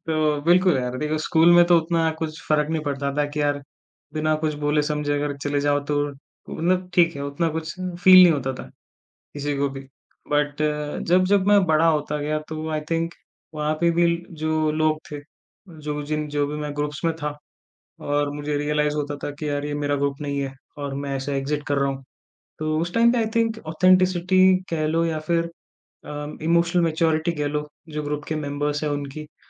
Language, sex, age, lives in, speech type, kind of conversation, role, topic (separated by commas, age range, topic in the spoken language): Hindi, male, 20-24, India, conversation, podcast, guest, Tum apni tribe dhoondhne ka sabse pehla step kya maante ho?